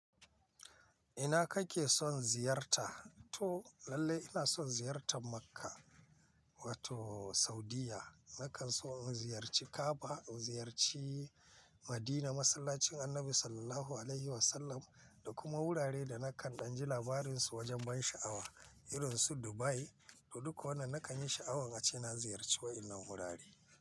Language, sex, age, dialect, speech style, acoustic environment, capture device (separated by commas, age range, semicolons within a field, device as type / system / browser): Hausa, male, 45-54, Nigeria - Kano, relaxed; focused, silence; nature, mobile / Linux / Chrome